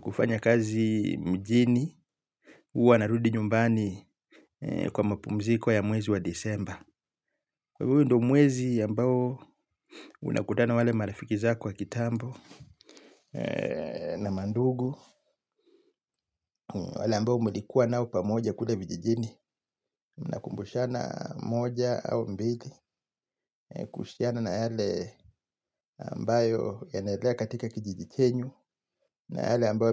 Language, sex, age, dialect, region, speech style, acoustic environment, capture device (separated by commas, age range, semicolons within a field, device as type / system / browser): Swahili, male, 35-44, Kenya - Mombasa, Kenya, happy, silence; appliances, mobile / Linux / Chrome